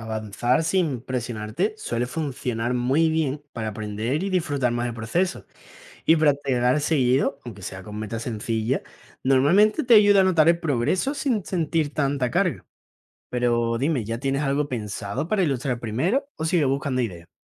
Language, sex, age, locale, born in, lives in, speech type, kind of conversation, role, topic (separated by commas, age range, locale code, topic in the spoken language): Spanish, male, 20-24, es-ES, Spain, Spain, read, expert-assistant, expert, ¿Cómo puedo empezar en el arte digital y saber si es buena idea dedicarme a esto?